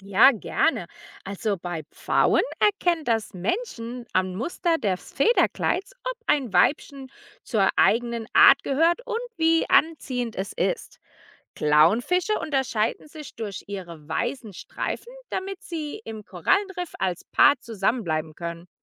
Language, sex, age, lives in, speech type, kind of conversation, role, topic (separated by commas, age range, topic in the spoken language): German, female, 35-39, United States, read, expert-assistant, expert, Warum haben Zebras Streifen?